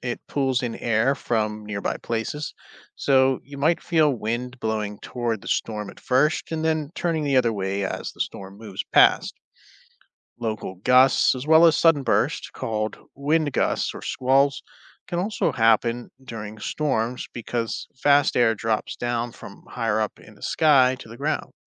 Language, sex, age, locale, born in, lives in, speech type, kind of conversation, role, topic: English, male, 35-39, en-US, United States, United States, read, expert-assistant, expert, What causes wind to blow?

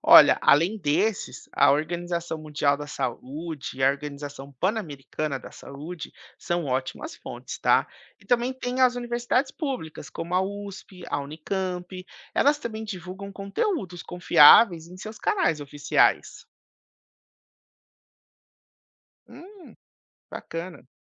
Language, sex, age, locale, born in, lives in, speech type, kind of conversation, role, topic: Portuguese, male, 30-34, pt-BR, Brazil, United States, read, expert-assistant, expert, Quando lemos que um alimento "acelera o metabolismo", de onde vêm essas informações? Dá pra confiar nessas fontes?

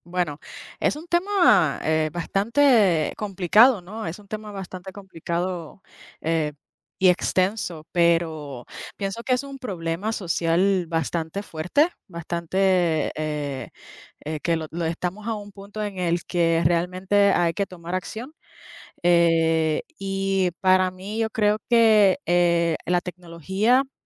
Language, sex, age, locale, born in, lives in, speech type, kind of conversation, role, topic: Spanish, female, 30-34, es-US, Puerto Rico, United States, conversation, unstructured, none, ¿Qué opinas sobre el maltrato animal en las redes sociales?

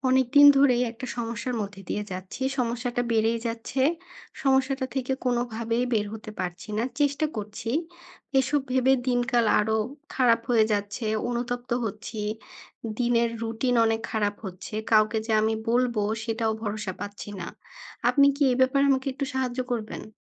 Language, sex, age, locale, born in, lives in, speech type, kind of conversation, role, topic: Bengali, female, 25-29, bn-BD, Bangladesh, Bangladesh, conversation, advice, user, শর্ট ন্যাপ দিয়ে কীভাবে দ্রুত শক্তি ফিরে পেতে পারি?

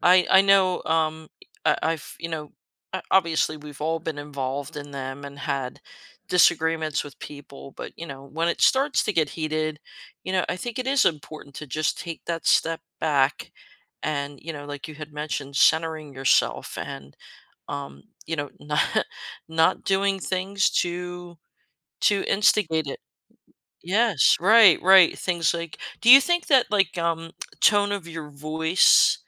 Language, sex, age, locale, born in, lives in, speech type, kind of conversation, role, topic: English, female, 55-59, en-US, United States, United States, conversation, podcast, host, How can we manage our emotions to communicate better during disagreements?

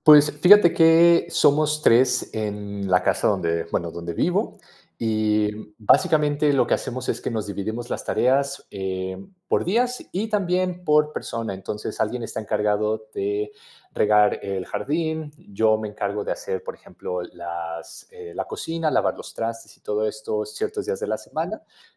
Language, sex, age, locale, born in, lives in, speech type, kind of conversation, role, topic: Spanish, male, 30-34, es-MX, Mexico, Mexico, conversation, podcast, guest, ¿Cómo organizas las tareas domésticas en familia?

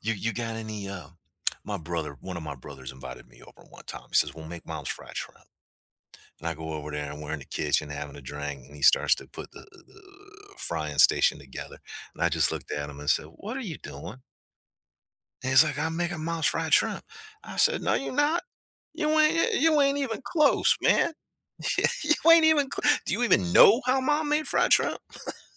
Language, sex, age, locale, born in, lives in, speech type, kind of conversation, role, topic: English, male, 55-59, en-US, United States, United States, conversation, unstructured, none, What childhood memory still makes you smile?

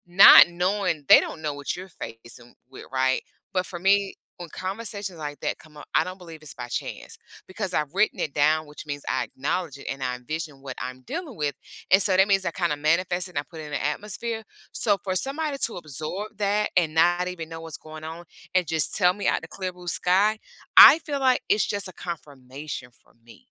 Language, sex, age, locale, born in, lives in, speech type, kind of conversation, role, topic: English, female, 45-49, en-US, United States, United States, conversation, podcast, guest, What helps you decide when facing a tough choice?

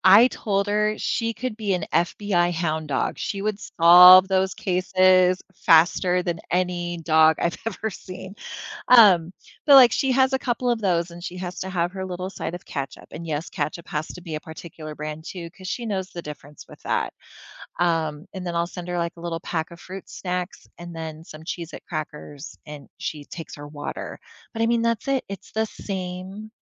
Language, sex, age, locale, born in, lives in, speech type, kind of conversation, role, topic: English, female, 40-44, en-US, United States, United States, conversation, advice, user, How can I apologize and rebuild trust after a harsh argument with someone I love?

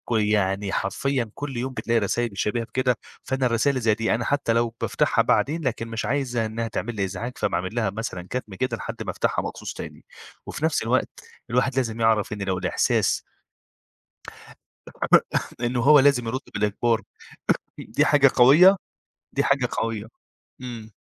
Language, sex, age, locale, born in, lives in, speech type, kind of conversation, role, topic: Arabic, male, 25-29, ar-EG, Egypt, Egypt, conversation, podcast, guest, هل بتحس إنك دايمًا لازم تبقى متاح بسبب الموبايل، وبتتعامل مع ده إزاي؟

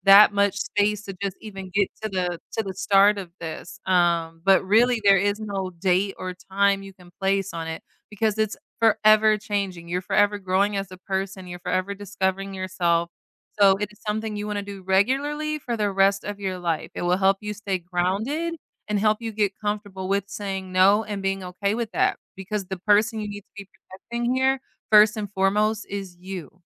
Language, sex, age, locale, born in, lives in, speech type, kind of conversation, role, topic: English, female, 30-34, en-US, United States, United States, conversation, advice, advisor, How can I make time for self-care regularly?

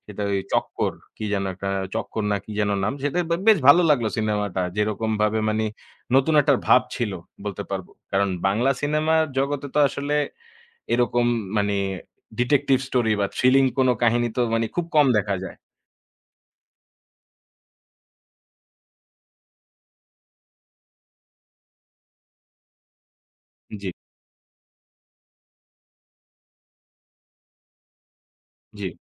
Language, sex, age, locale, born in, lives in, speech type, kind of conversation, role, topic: Bengali, male, 25-29, bn-BD, Bangladesh, Bangladesh, conversation, unstructured, none, শিল্পকলা আমাদের সমাজের জন্য কেন গুরুত্বপূর্ণ?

